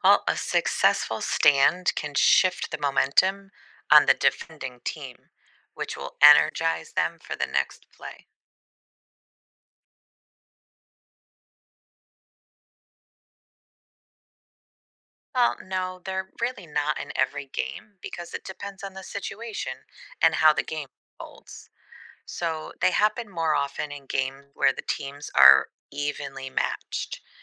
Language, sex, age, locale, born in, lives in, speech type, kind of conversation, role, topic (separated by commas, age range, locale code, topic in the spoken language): English, female, 40-44, en-US, United States, United States, read, expert-assistant, expert, Explain how a goal-line stand works in American football.